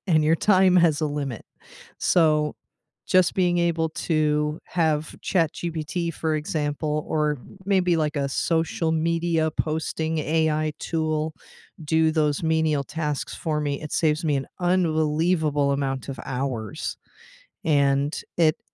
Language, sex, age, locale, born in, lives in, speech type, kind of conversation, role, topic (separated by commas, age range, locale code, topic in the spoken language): English, female, 40-44, en-US, United States, United States, conversation, unstructured, none, How are humans and AI shaping the future of your everyday work together?